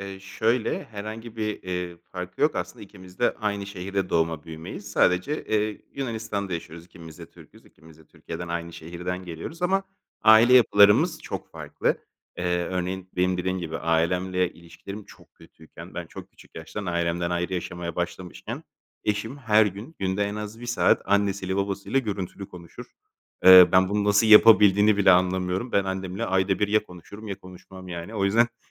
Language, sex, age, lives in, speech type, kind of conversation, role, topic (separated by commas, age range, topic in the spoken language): Turkish, male, 30-34, Greece, conversation, advice, user, Evlilik ve çocuk sahibi olma beklentisi